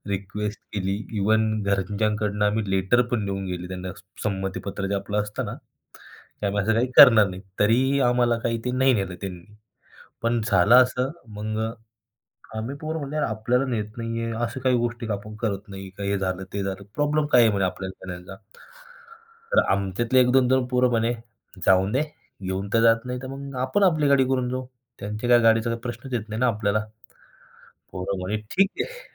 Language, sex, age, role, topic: Marathi, male, 25-29, guest, कधी मित्रांसोबत केलेल्या एखाद्या साहसाची गोष्ट सांगशील का?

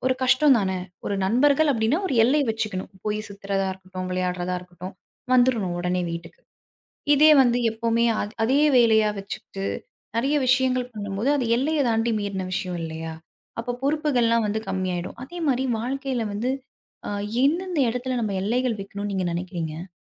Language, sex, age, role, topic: Tamil, female, 25-29, host, வாழ்க்கையில் எல்லைகள் அமைத்தால் ஏற்படும் நன்மைகள் என்னென்ன?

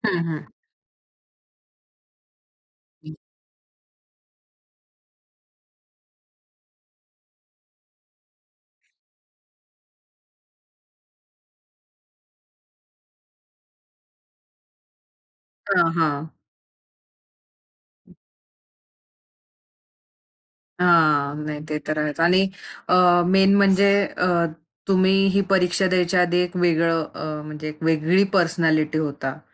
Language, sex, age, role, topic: Marathi, female, 25-29, host, कोणत्या छोट्याशा यशामुळे तुमचा आत्मविश्वास वाढला होता?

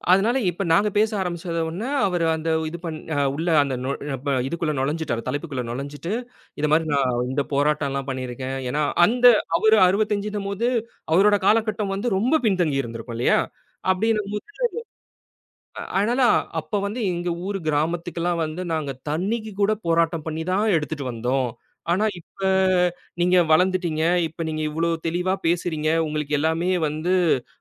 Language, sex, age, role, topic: Tamil, male, 30-34, guest, பயணத்தில் சந்தித்த ஒருவர் உங்களை எவ்வாறு பாதித்தார்?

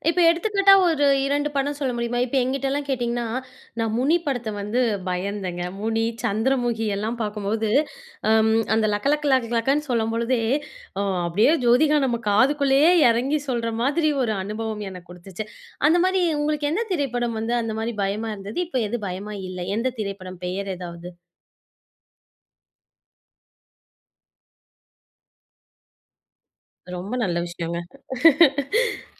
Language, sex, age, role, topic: Tamil, female, 25-29, host, உங்களுக்கு பிடித்த திரைப்பட அனுபவம் எது?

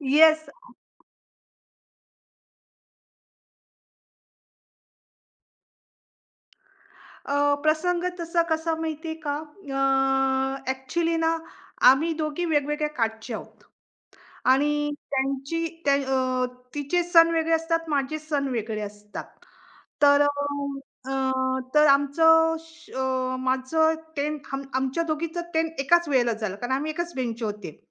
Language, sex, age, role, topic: Marathi, female, 45-49, guest, मित्रांसोबत घालवलेला कोणता काळ आता तुम्हाला अधिक मौल्यवान वाटतो?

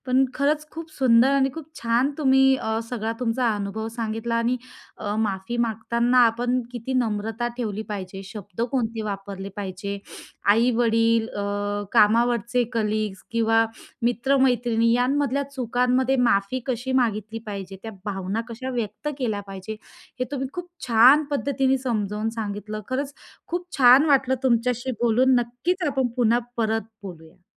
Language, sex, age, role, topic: Marathi, female, 30-34, host, माफी मागताना कोणते शब्द कसे वापरावेत?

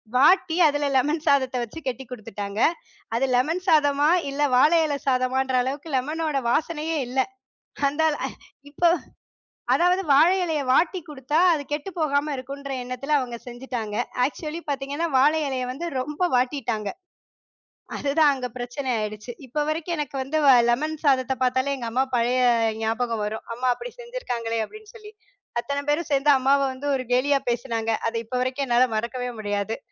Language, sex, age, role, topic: Tamil, female, 30-34, guest, பயணத்தின் போது சாப்பிட்டதில் இன்னும் நினைவில் இருக்கும் ஒரு உணவு உங்களுக்குண்டா?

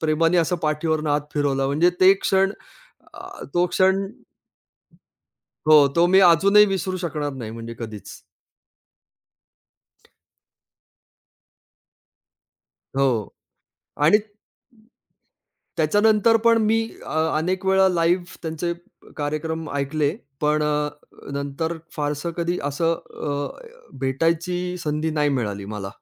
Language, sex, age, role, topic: Marathi, male, 45-49, guest, कोणत्या कलाकाराने तुम्हाला प्रेरणा दिली आहे?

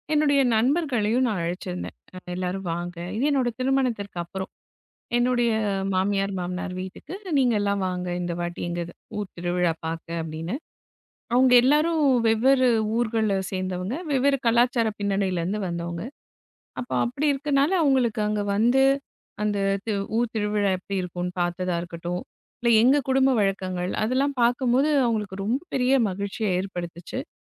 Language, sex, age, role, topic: Tamil, female, 35-39, guest, பாரம்பரிய விழாக்களில் நண்பர்களுக்கிடையிலான தொடர்பை எப்படி வலுப்படுத்தலாம்?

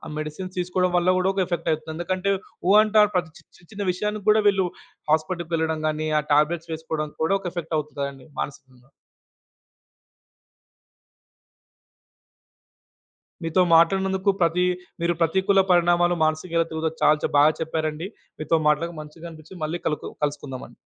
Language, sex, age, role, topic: Telugu, male, 20-24, host, మీరు ప్రతికూల పరిస్థితులను మనసులో ఎలా సానుకూలంగా మలచుకుంటారు?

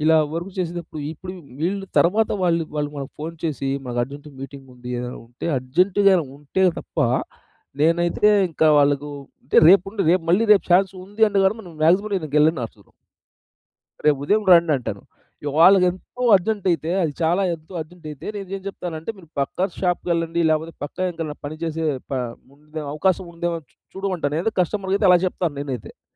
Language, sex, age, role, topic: Telugu, male, 30-34, guest, పని-జీవిత సమతుల్యతను నిలబెట్టుకోవడానికి మీరు ఏ చిట్కాలు పాటిస్తారు?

